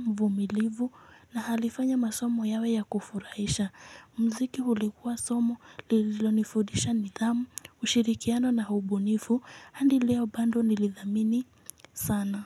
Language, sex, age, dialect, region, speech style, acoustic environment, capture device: Swahili, female, 18-24, Kenya - Nairobi Sheng-influenced, Kenya, excited; focused, people talking; music; nature, mobile / Linux / Chrome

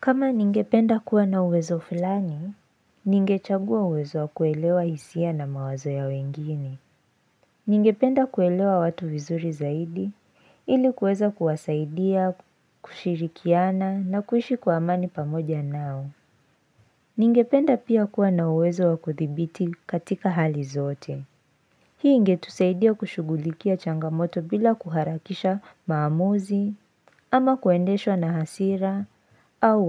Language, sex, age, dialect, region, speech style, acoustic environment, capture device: Swahili, female, 18-24, Kenya - Nairobi Sheng-influenced, Kenya, focused, silence, mobile / Linux / Chrome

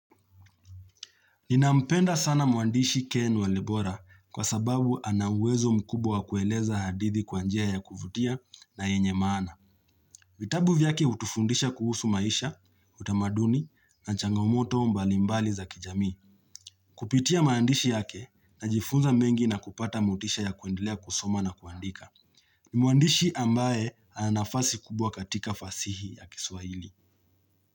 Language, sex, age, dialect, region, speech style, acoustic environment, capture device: Swahili, male, 25-34, Kenya - Nairobi Sheng-influenced, Kenya, happy; relaxed; focused, nature; silence, mobile / Linux / Chrome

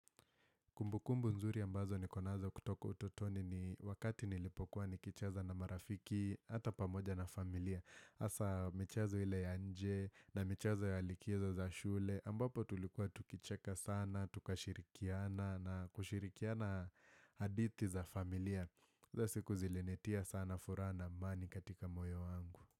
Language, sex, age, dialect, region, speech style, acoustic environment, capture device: Swahili, male, 18-24, Kenya - Nairobi Sheng-influenced, Kenya, happy, silence, desktop / Windows / Firefox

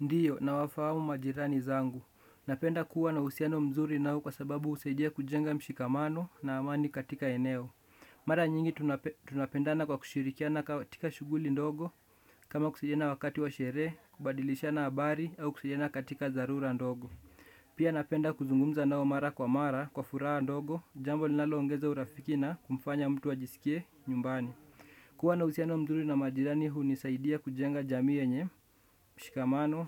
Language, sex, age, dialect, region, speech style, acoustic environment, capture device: Swahili, male, 18-24, Kenya - Nairobi Sheng-influenced, Kenya, relaxed; focused, nature; people talking, desktop / Windows / Chrome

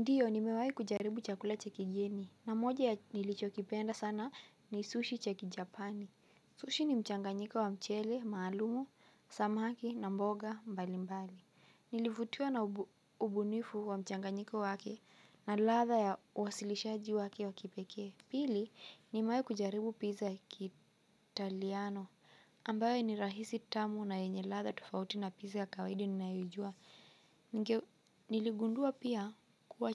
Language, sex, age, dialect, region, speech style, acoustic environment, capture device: Swahili, female, 18-24, Kenya - Mombasa, Kenya, relaxed, nature; music, mobile / Linux / Chrome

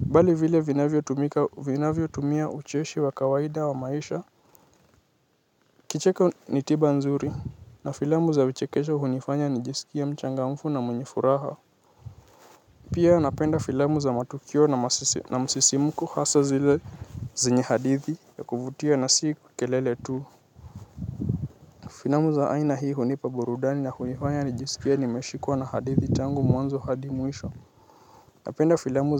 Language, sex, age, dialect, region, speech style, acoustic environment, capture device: Swahili, male, 18-24, Kenya - Nairobi Sheng-influenced, Kenya, anxious; nervous; excited; tired, nature, mobile / Linux / Chrome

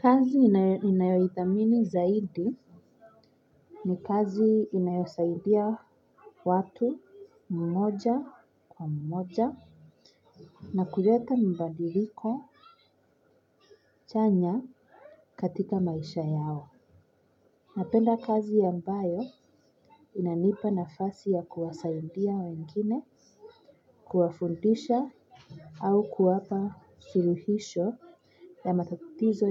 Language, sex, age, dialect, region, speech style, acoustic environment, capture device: Swahili, female, 25-34, Kenya - Nairobi Sheng-influenced, Kenya, relaxed, silence, mobile / Linux / Chrome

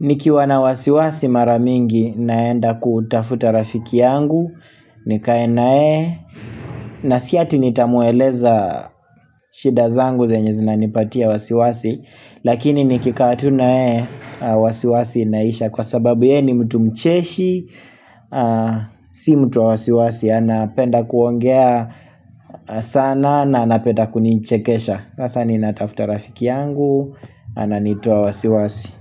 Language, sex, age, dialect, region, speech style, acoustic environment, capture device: Swahili, male, 25-34, Kenya - Nairobi Sheng-influenced, Kenya, relaxed, silence; people talking, mobile / Linux / Chrome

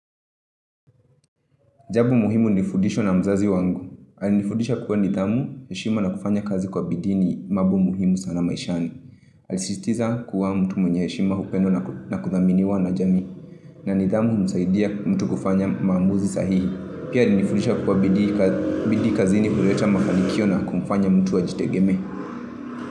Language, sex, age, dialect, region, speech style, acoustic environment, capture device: Swahili, female, 25-34, Kenya - Nairobi Sheng-influenced, Kenya, excited, traffic, mobile / Linux / Chrome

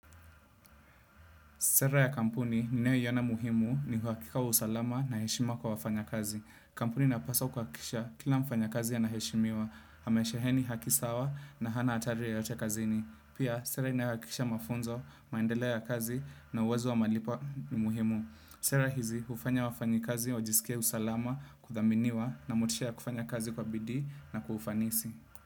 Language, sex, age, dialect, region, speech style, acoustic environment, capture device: Swahili, male, 25-34, Kenya - Nairobi Sheng-influenced, Kenya, focused; relaxed; happy, nature; silence, desktop / Windows / Chrome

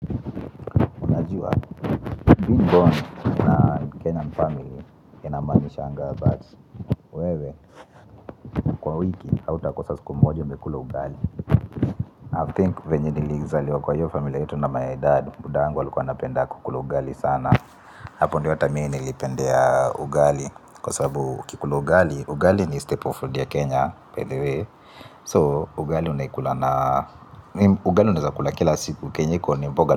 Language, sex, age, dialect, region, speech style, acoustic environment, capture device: Swahili, male, 25-34, Kenya - Nairobi Sheng-influenced, Kenya, focused, appliances, mobile / Linux / Chrome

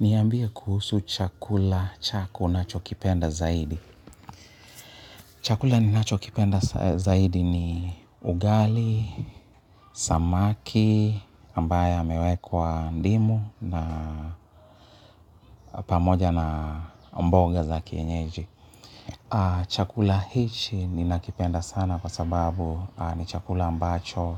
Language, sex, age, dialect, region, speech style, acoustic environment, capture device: Swahili, male, 35-44, Kenya - Nairobi Sheng-influenced, Kenya, happy; relaxed; excited; nervous, people talking; appliances, mobile / Linux / Chrome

